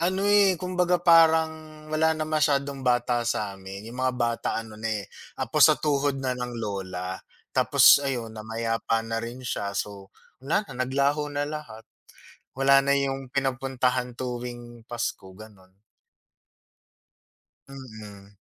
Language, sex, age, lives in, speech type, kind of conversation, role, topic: Filipino, male, 35-39, Philippines, conversation, unstructured, none, Ano ang pinakamasayang okasyon na palagi mong naaalala?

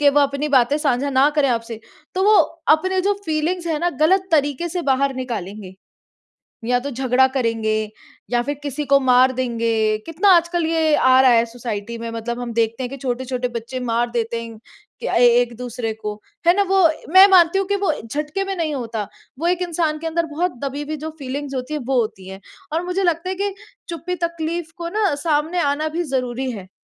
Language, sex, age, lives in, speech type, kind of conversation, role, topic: Hindi, female, 25-29, India, conversation, unstructured, none, क्या आपको लगता है कि मानसिक स्वास्थ्य पर खुलकर बात करना ज़रूरी है?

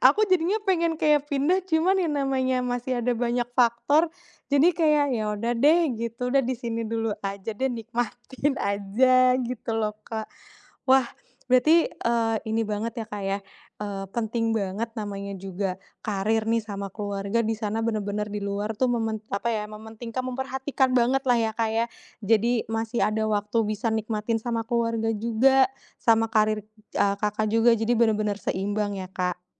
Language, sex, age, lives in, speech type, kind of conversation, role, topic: Indonesian, female, 30-34, Indonesia, conversation, podcast, host, Bagaimana cara memilih antara karier dan keluarga menurutmu?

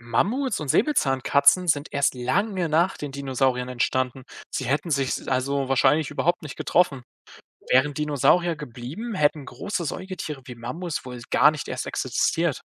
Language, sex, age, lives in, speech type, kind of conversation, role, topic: German, male, 20-24, Germany, read, expert-assistant, expert, Angenommen, die Dinosaurier wären nie ausgestorben – wie hätte sich das Leben auf der Erde entwickelt?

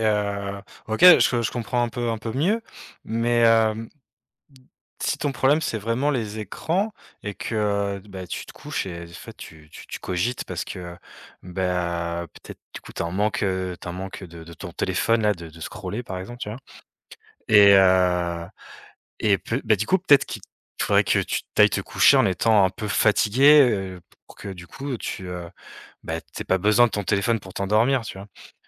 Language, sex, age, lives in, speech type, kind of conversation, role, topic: French, male, 35-39, France, conversation, advice, advisor, Comment puis-je installer une routine de sommeil cohérente chaque nuit ?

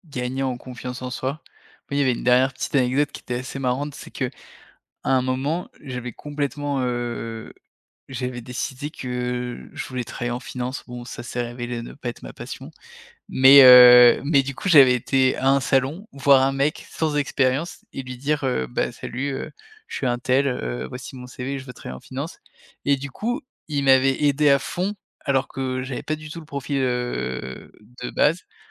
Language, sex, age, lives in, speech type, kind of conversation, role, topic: French, male, 30-34, France, conversation, podcast, guest, Quel est ton meilleur conseil pour réseauter quand on est timide ?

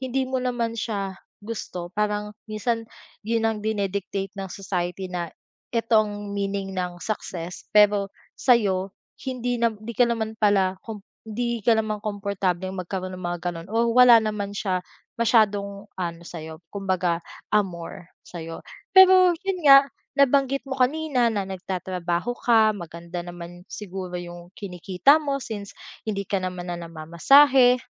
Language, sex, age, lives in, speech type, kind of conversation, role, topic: Filipino, female, 25-29, Philippines, conversation, advice, advisor, Bakit pakiramdam ko ay pagod na pagod na ako at kulang sa motibasyon para maabot ang mga layunin ko?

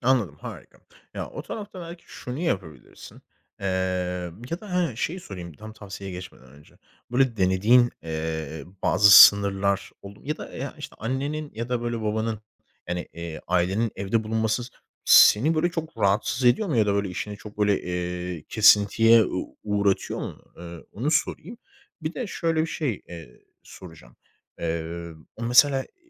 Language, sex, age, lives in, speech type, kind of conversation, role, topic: Turkish, male, 25-29, Spain, conversation, advice, advisor, Evden çalışırken aile ve ev işi kesintileri yüzünden odağımı kaybetmemi nasıl önleyebilirim?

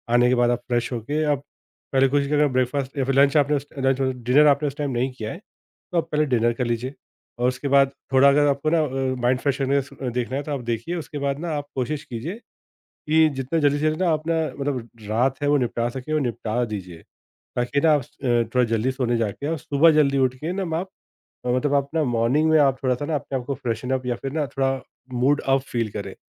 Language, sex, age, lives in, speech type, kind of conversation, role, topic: Hindi, male, 30-34, India, conversation, podcast, guest, Sone se pehle kaun se rivaaz sach mein madad karte hain?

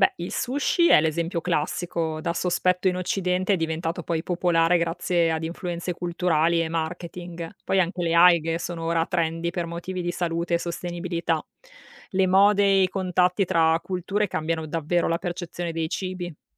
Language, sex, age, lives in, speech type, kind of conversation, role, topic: Italian, female, 35-39, Italy, read, expert-assistant, expert, Perché alcune culture considerano certi animali marini delle prelibatezze mentre in altre sono evitati?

